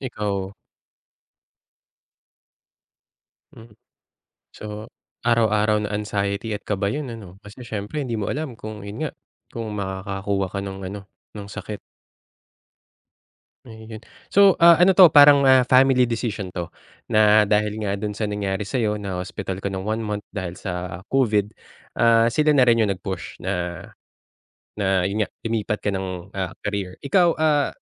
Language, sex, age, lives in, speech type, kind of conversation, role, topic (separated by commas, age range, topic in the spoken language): Filipino, male, 35-39, Philippines, conversation, podcast, host, Paano mo sasabihin sa pamilya mo na magpapalit ka ng trabaho?